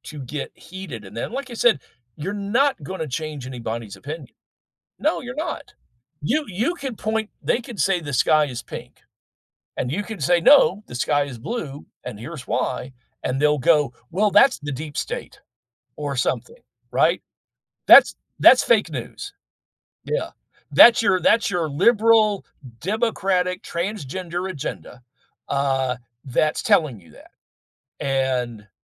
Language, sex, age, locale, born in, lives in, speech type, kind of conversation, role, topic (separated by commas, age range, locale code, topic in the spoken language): English, male, 60-64, en-US, United States, United States, conversation, unstructured, none, How do you handle disagreements with family without hurting feelings?